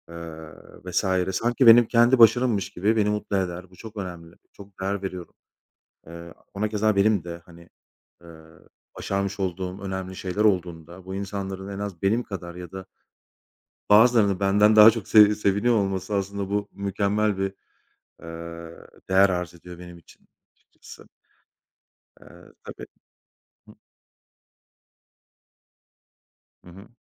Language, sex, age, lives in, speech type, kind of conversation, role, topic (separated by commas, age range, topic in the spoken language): Turkish, male, 35-39, Poland, conversation, unstructured, none, Senin için gerçek arkadaşlık ne anlama geliyor?